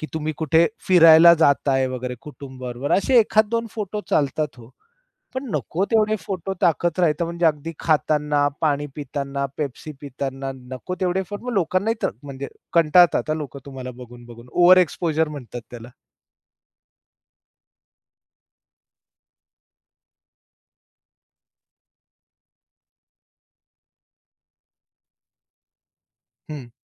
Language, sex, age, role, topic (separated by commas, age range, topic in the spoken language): Marathi, male, 45-49, guest, सोशल मीडियावर स्वतःचं सादरीकरण आणि खरं आयुष्य यांत ताळमेळ कसा साधता?